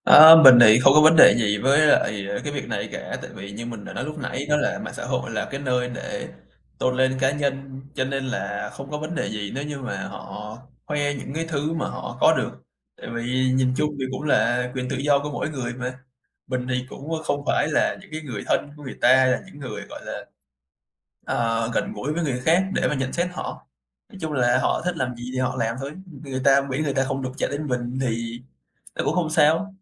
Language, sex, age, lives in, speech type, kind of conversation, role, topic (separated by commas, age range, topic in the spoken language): Vietnamese, male, 20-24, Vietnam, conversation, podcast, guest, Bạn sử dụng mạng xã hội như thế nào trong sinh hoạt hằng ngày?